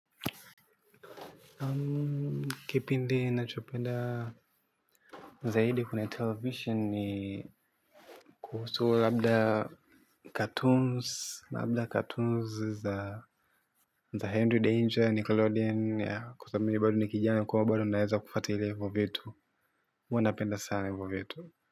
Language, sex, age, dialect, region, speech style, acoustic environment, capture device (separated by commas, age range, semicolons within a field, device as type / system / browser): Swahili, male, 18-24, Tanzania - Dar es Salaam, Tanzania, happy; focused, silence, mobile / Linux / Chrome